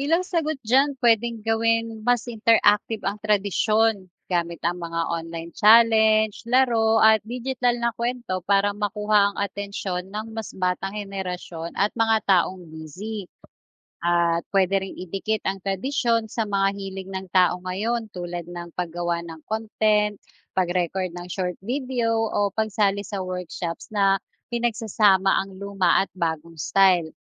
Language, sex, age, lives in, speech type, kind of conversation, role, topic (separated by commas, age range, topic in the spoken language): Filipino, female, 40-44, Philippines, read, expert-assistant, expert, Bakit mahalaga ang pagdiriwang ng mga tradisyon at kultura?